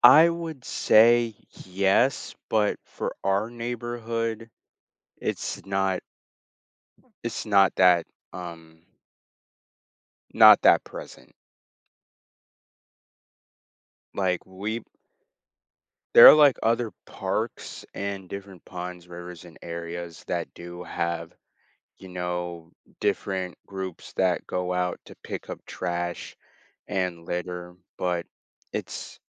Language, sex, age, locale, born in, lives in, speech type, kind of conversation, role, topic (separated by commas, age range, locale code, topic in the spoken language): English, male, 18-19, en-US, United States, United States, conversation, podcast, guest, How does pollution change the balance of nature and affect animal life?